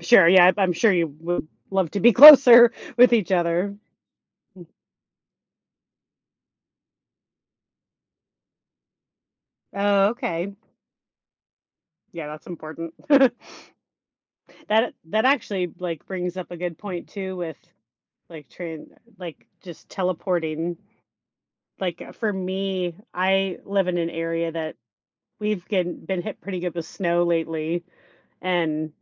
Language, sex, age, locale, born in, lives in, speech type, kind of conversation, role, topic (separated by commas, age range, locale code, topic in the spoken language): English, female, 35-39, en-US, United States, United States, conversation, unstructured, none, How do you think instant access to travel or knowledge would change the way we live and connect with others?